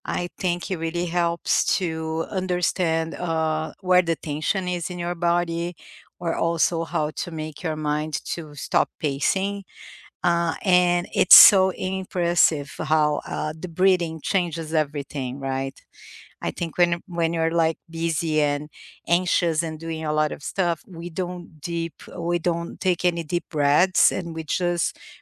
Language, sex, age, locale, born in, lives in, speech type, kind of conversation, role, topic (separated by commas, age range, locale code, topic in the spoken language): English, female, 45-49, en-BR, Brazil, United States, conversation, unstructured, none, What weekend rituals help you recharge and feel grounded, and what makes them meaningful to you?